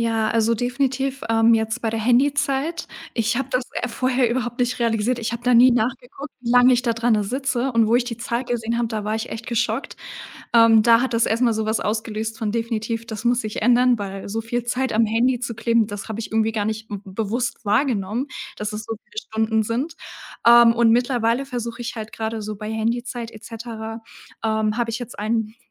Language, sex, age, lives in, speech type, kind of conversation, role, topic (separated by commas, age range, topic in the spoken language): German, female, 18-19, Germany, conversation, podcast, guest, Wie verbringst du bewusst Zeit ohne Ablenkung?